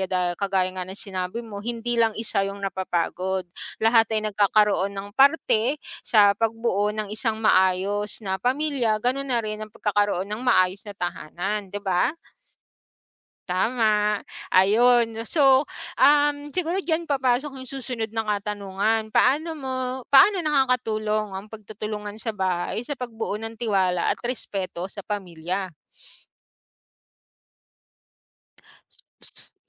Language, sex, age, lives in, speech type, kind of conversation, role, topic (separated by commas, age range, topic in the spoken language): Filipino, female, 35-39, Philippines, conversation, unstructured, none, Ano ang epekto ng pagtutulungan sa mga gawaing-bahay sa ugnayan ng pamilya?